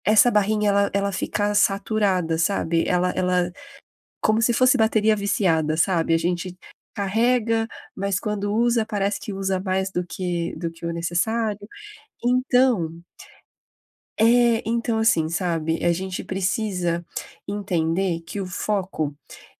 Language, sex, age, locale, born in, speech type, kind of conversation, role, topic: Portuguese, female, 30-34, pt-BR, Brazil, conversation, advice, advisor, Como posso reduzir as distrações quando trabalho por períodos curtos?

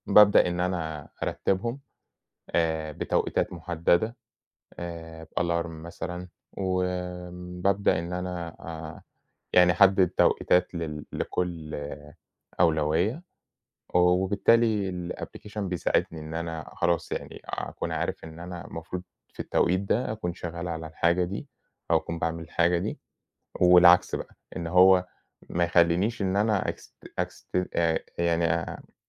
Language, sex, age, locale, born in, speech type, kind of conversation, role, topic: Arabic, male, 30-34, ar-EG, Egypt, conversation, unstructured, none, إيه عاداتك اليومية اللي بتساعدك تنظم وقتك؟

